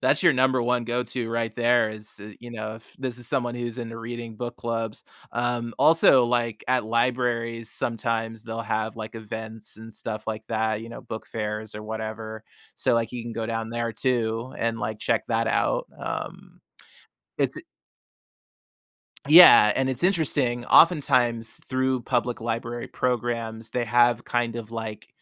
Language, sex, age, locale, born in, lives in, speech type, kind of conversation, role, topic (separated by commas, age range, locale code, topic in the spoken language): English, male, 35-39, en-US, United States, United States, conversation, advice, advisor, How can I rebuild my social life after moving?